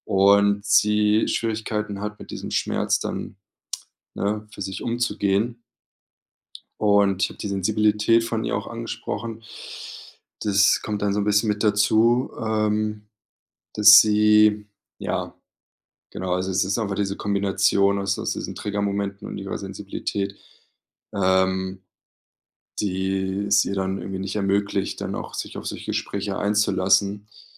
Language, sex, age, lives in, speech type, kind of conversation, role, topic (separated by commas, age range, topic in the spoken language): German, male, 30-34, Germany, conversation, advice, user, Wie kann ich wiederkehrende Streitigkeiten und Kommunikationsprobleme zwischen meinen Geschwistern verringern?